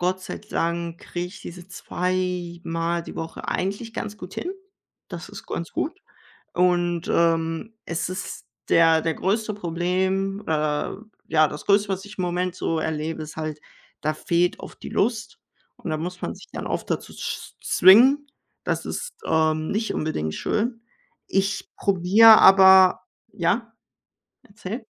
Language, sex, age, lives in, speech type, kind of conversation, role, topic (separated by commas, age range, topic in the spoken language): German, male, 18-19, Germany, conversation, unstructured, none, Wie bleibst du beim Sport motiviert?